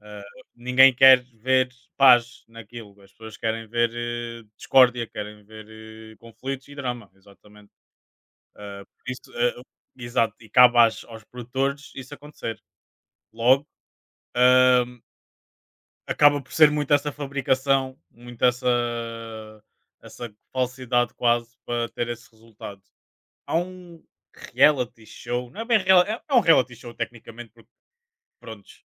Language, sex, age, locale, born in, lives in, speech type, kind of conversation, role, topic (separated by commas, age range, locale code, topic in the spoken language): Portuguese, male, 25-29, pt-PT, Portugal, Portugal, conversation, unstructured, none, Você acha que os programas de reality show exploram os participantes?